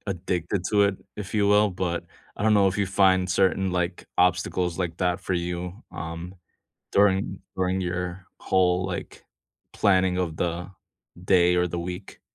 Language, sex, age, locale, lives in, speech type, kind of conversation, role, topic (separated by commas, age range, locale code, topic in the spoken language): English, male, 35-39, en-US, United States, conversation, unstructured, none, What small daily habits lift your mood, and how can we share ideas to support each other?